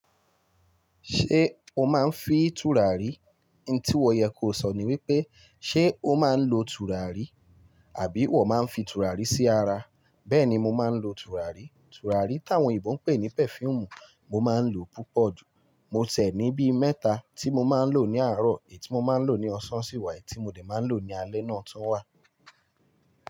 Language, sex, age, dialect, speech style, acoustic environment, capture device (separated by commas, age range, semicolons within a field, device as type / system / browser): Yoruba, male, 25-34, Nigeria - Lagos Yoruba, nervous, appliances; music; people talking, mobile / Linux / Chrome